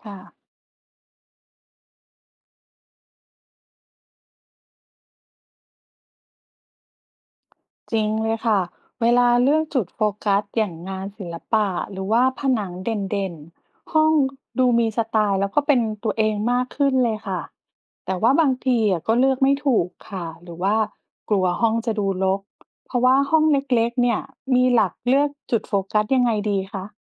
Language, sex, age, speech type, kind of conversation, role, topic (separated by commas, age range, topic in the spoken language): Thai, female, 40-44, read, expert-assistant, asker, มีวิธีเลือกข้อมูลเรื่องแต่งบ้านอย่างไรให้เหมาะกับตัวเองและเชื่อถือได้บ้าง?